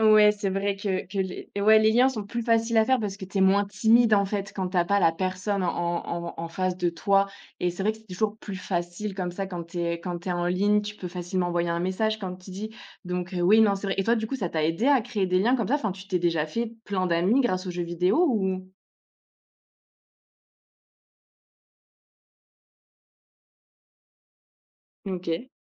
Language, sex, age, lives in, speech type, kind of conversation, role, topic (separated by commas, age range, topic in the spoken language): French, female, 25-29, France, conversation, podcast, host, Quel projet créatif rêves-tu encore de réaliser ?